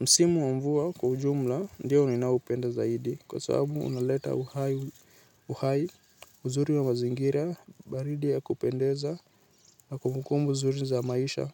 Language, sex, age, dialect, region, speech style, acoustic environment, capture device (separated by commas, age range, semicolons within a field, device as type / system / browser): Swahili, male, 18-24, Kenya - Nairobi Sheng-influenced, Kenya, anxious; excited, nature, mobile / Linux / Chrome